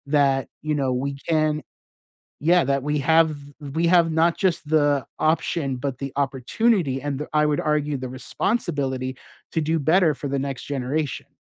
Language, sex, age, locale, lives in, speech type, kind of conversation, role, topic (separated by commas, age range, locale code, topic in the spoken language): English, male, 35-39, en-US, United States, conversation, unstructured, none, Is it fair to expect people to act a certain way because of their background?